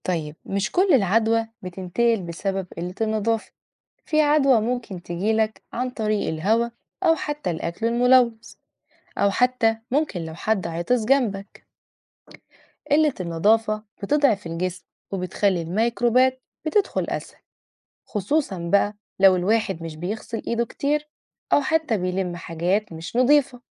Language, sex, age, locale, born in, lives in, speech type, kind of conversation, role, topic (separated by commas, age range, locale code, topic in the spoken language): Arabic, female, 30-34, ar-EG, Egypt, Portugal, read, expert-assistant, expert, ما هي أهمية الحفاظ على النظافة الشخصية في الوقاية من الأمراض؟